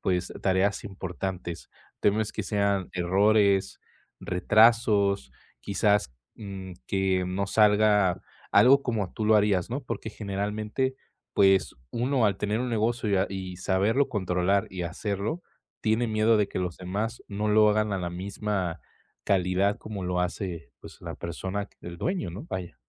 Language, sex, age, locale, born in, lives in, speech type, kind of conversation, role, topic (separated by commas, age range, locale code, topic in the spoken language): Spanish, male, 20-24, es-MX, Mexico, Mexico, conversation, advice, advisor, ¿Qué puedo hacer si me da miedo perder el control al delegar tareas importantes?